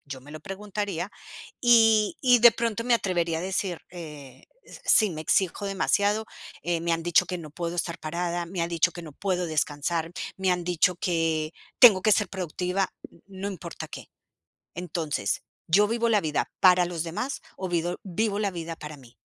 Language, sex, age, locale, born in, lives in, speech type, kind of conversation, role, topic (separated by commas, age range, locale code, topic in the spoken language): Spanish, female, 45-49, es-CO, Colombia, United States, conversation, advice, advisor, ¿Cómo puedo encontrar tiempo para dedicarle a la creatividad?